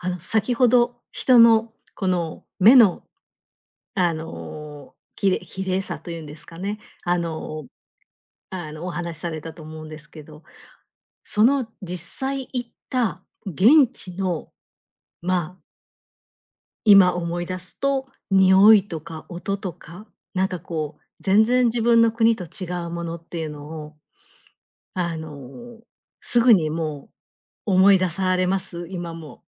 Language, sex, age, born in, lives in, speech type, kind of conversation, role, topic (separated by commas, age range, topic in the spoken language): Japanese, female, 50-54, Japan, Japan, conversation, podcast, host, 旅先で見つけた小さな美しさを一つ教えてください?